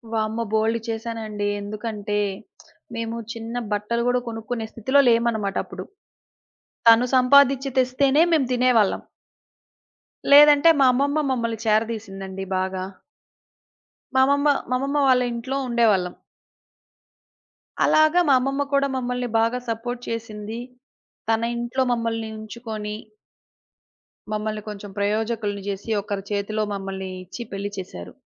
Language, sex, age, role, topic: Telugu, female, 30-34, guest, పని లేదా చదువు కోసం మీరు చేసిన ఒక పెద్ద త్యాగం గురించి చెప్పగలరా?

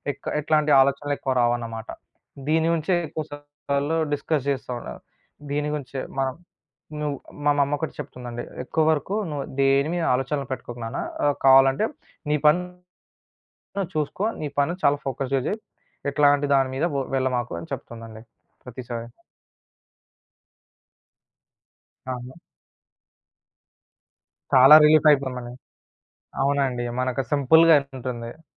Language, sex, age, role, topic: Telugu, male, 20-24, guest, మీకు ఎన్నో ఆలోచనలు ఉన్నప్పుడు మీరు ఎలా దృష్టి కేంద్రీకరిస్తారు?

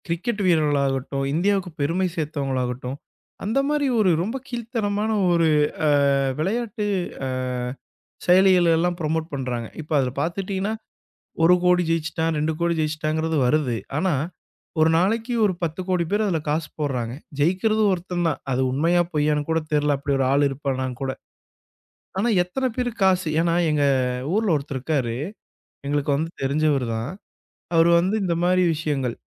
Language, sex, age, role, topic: Tamil, male, 25-29, guest, பொருள் மதிப்புரைகளில் பிரபலங்கள் உண்மையைச் சொல்கிறார்களா?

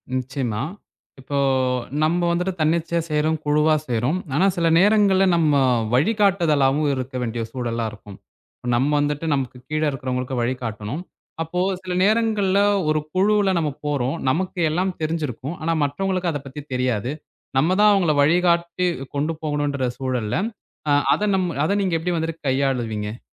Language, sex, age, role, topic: Tamil, male, 20-24, host, தனியாகவும் குழுவாகவும் வேலை செய்வதை எப்படி சமநிலைப்படுத்துகிறீர்கள்?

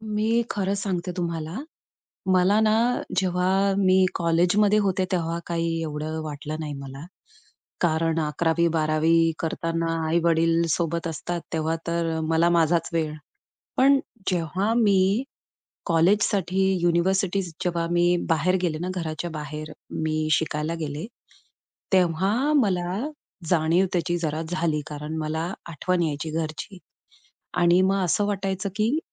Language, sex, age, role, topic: Marathi, female, 35-39, guest, कुटुंब आणि नोकरी यांचं संतुलन कसं साधावं?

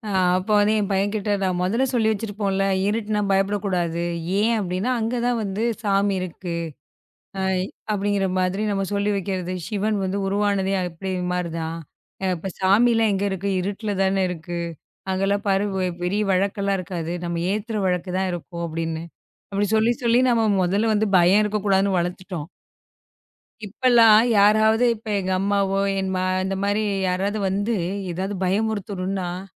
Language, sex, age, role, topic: Tamil, female, 35-39, host, உங்கள் குழந்தைக்கால நண்பர்களுடன் விளையாடிய சிறந்த வேடிக்கை என்ன?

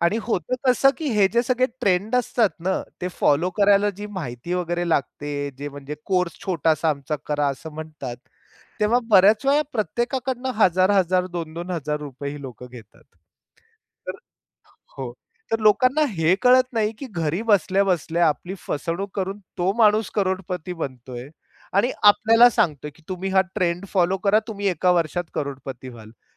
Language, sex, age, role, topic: Marathi, male, 45-49, guest, ट्रेंड फॉलो करायचा की नाही, हे तुम्ही कसं ठरवता?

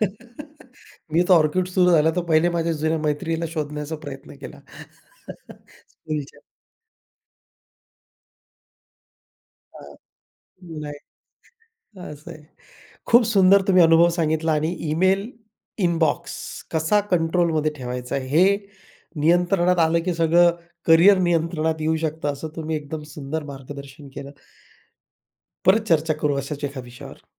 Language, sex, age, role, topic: Marathi, male, 40-44, host, ईमेल इनबॉक्स तुम्ही नियंत्रणात कसा ठेवता?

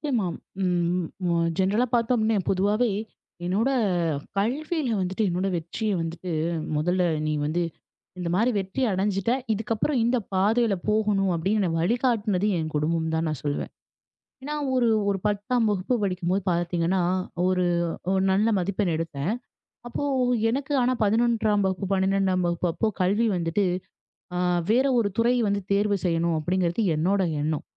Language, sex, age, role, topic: Tamil, female, 25-29, guest, குடும்பம் வெற்றியை எப்படி வரையறுக்கிறது?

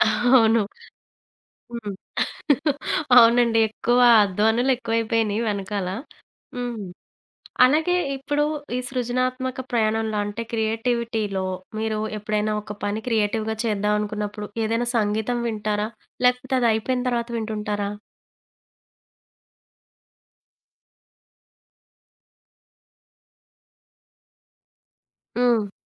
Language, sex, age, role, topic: Telugu, female, 30-34, host, సంగీతం మీ సృజనాత్మక ప్రక్రియపై ఏ మేర ప్రభావం చూపుతుంది?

